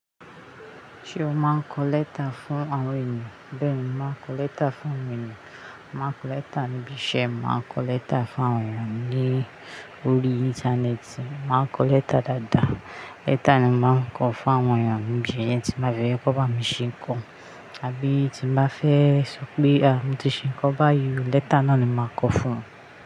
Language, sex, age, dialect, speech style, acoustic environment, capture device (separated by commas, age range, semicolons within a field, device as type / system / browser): Yoruba, female, 25-34, Nigeria - Ijebu, happy, appliances, mobile / Linux / Chrome